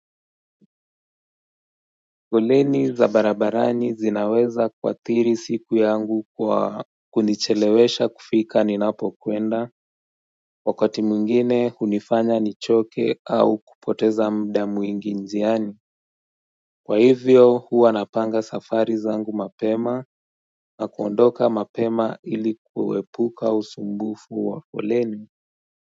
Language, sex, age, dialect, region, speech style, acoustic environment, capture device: Swahili, male, 18-24, Kenya - Nairobi Sheng-influenced, Kenya, relaxed; happy; focused, nature; silence; traffic; appliances, mobile / Android / Chrome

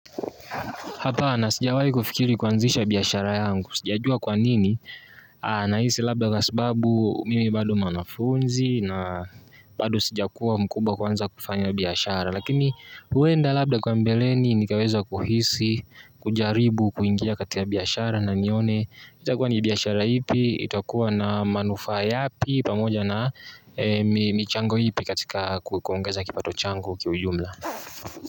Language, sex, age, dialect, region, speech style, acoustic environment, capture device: Swahili, male, 18-24, Tanzania - Dar es Salaam, Tanzania, anxious, nature, mobile / Linux / Chrome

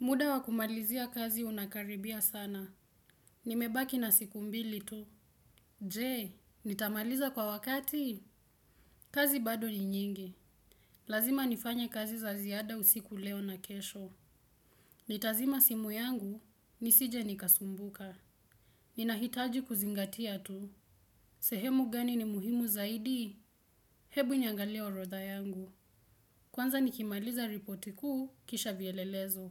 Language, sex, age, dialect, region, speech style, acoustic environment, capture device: Swahili, female, 18-24, Kenya - Nairobi Sheng-influenced, Kenya, relaxed; focused, silence, desktop / Windows / Chrome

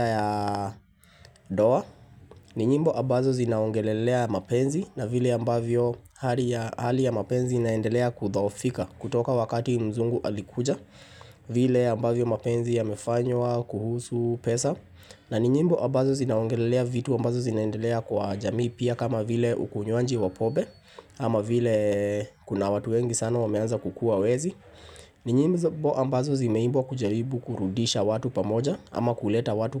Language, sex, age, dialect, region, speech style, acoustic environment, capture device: Swahili, male, 25-34, Kenya - Nairobi Sheng-influenced, Kenya, happy, silence, mobile / Linux / Chrome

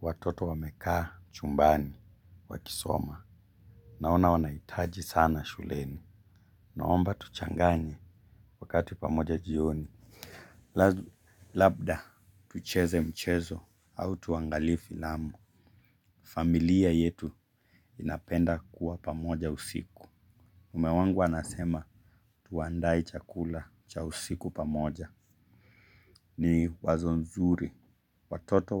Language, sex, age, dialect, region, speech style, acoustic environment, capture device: Swahili, male, 25-34, Kenya - Mombasa, Kenya, focused; relaxed, silence, mobile / Linux / Chrome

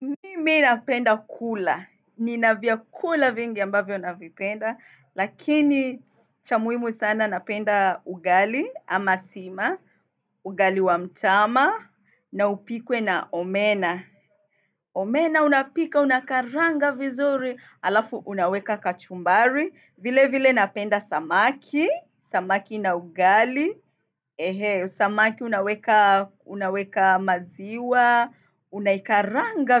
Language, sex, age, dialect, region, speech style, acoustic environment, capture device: Swahili, female, 25-34, Kenya - Mombasa, Kenya, happy; excited; nervous; focused; relaxed, nature, desktop / Windows / Firefox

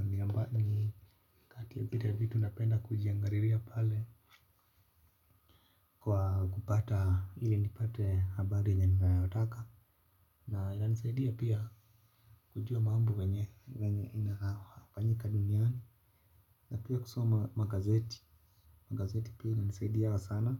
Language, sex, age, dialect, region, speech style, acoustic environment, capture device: Swahili, male, 25-34, Kenya - Nairobi Sheng-influenced, Kenya, relaxed, silence, mobile / Linux / Chrome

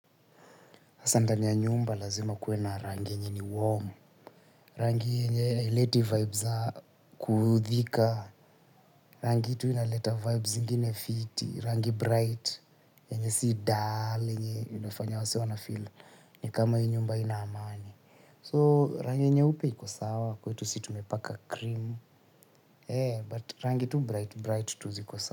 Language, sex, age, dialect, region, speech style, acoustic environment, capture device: Swahili, male, 25-34, Kenya - Nairobi Sheng-influenced, Kenya, tired, silence, mobile / Linux / Chrome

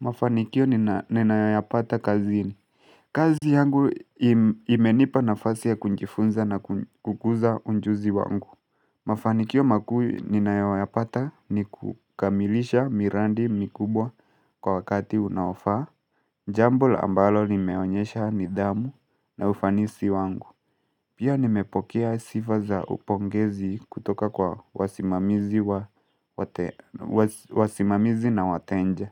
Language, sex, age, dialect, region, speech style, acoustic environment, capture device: Swahili, male, 18-24, Kenya - Mombasa, Kenya, stressed; focused, nature, mobile / Linux / Chrome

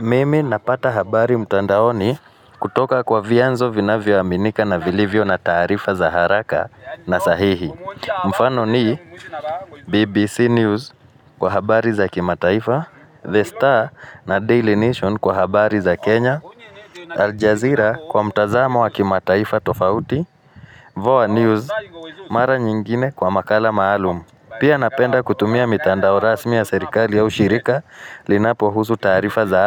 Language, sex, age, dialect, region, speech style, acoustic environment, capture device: Swahili, male, 35-44, Kenya - Nairobi Sheng-influenced, Kenya, focused, nature, mobile / Linux / Chrome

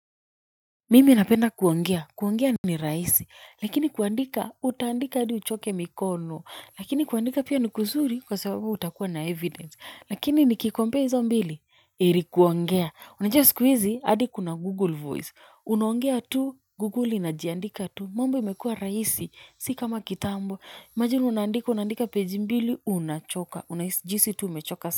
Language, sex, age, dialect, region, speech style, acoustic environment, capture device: Swahili, female, 25-34, Kenya - Nairobi Sheng-influenced, Kenya, nervous, people talking; nature, mobile / Linux / Chrome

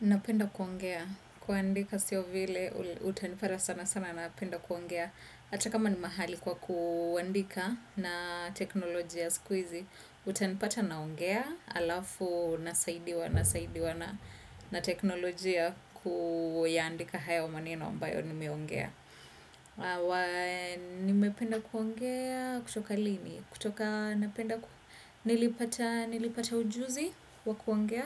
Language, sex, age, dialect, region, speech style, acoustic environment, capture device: Swahili, female, 25-34, Kenya - Nairobi Sheng-influenced, Kenya, nervous; focused, silence; appliances, desktop / Windows / Chrome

